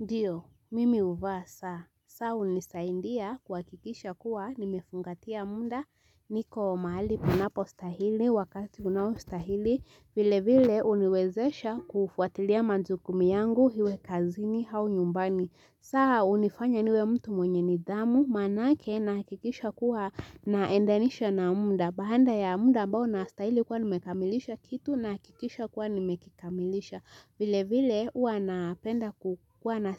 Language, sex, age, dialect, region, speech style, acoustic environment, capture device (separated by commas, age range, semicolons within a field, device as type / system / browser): Swahili, female, 18-24, Kenya - Nairobi Sheng-influenced, Kenya, relaxed, silence, mobile / Linux / Chrome